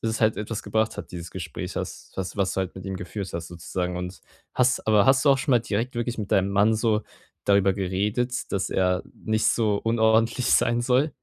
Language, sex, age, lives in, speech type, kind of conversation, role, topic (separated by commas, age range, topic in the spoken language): German, male, 18-19, Germany, conversation, advice, advisor, Wie kann ich das Aufschieben bei wichtigen Aufgaben überwinden?